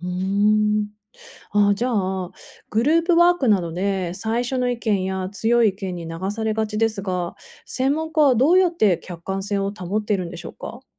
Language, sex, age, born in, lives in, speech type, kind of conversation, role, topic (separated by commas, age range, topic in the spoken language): Japanese, female, 35-39, Japan, United States, read, expert-assistant, asker, 医師が患者さんの話を聞くとき、探偵が事件を解くのに似ていると言われますが、どんな共通点がありますか？